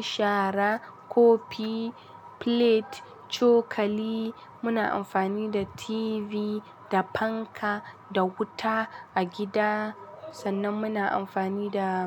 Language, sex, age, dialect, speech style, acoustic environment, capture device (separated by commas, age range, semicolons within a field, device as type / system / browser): Hausa, female, 18-24, Nigeria - Kano, happy, construction, mobile / Linux / Chrome